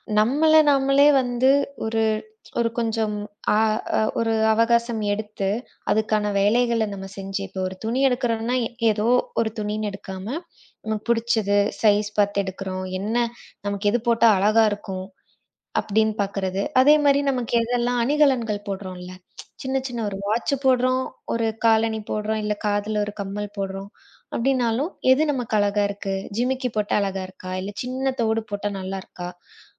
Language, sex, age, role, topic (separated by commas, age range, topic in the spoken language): Tamil, female, 25-29, guest, உடைகளின் மூலம் நீங்கள் உங்களை எப்படித் வெளிப்படுத்துகிறீர்கள்?